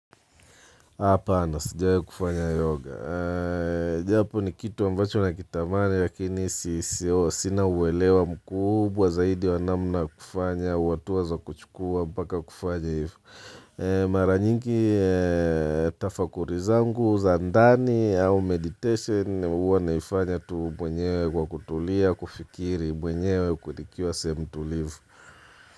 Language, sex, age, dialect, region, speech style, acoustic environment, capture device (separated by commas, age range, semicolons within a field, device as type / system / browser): Swahili, male, 35-44, Tanzania - Dar es Salaam, Tanzania, relaxed, people talking, mobile / Linux / Chrome